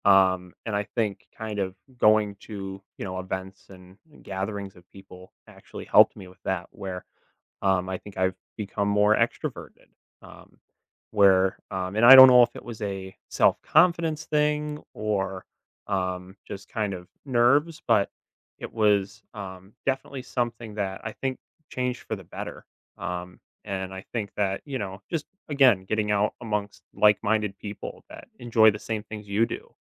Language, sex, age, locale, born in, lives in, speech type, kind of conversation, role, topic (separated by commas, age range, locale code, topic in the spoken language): English, male, 25-29, en-US, United States, United States, conversation, podcast, guest, How do community events help people connect and build stronger relationships?